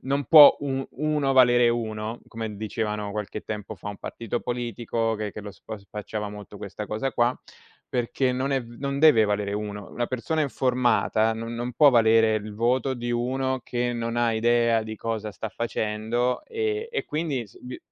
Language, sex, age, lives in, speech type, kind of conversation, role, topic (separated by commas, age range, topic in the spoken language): Italian, male, 40-44, Italy, conversation, unstructured, none, Qual è il ruolo della partecipazione dei cittadini nella politica?